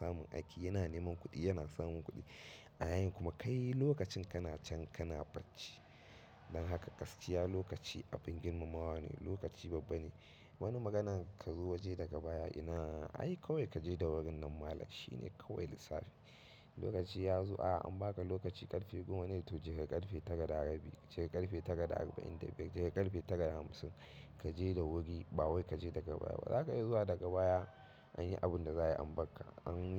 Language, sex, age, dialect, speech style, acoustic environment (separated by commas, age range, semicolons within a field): Hausa, male, 25-34, Nigeria - Katsina, happy, silence